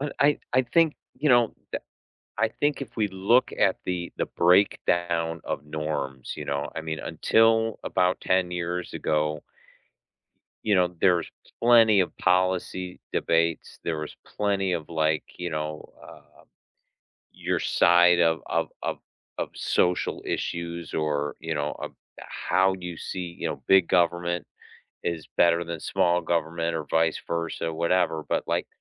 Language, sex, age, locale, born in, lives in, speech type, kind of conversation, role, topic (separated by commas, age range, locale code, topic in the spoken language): English, male, 55-59, en-US, United States, United States, conversation, unstructured, none, What recent news story made you feel hopeful?